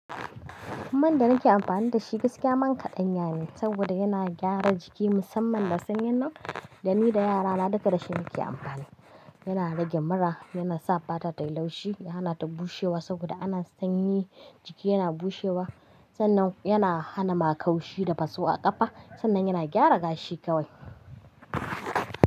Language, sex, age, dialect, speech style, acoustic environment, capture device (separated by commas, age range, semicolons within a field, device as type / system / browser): Hausa, female, 25-34, Nigeria - Kano, focused, appliances, mobile / Linux / Chrome